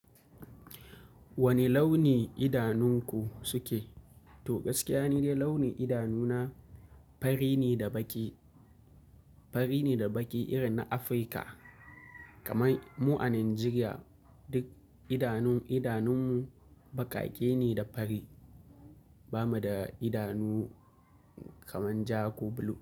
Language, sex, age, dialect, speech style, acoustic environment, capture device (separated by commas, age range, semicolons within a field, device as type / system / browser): Hausa, male, 25-34, Nigeria - Kano, happy, nature; appliances, mobile / Linux / Chrome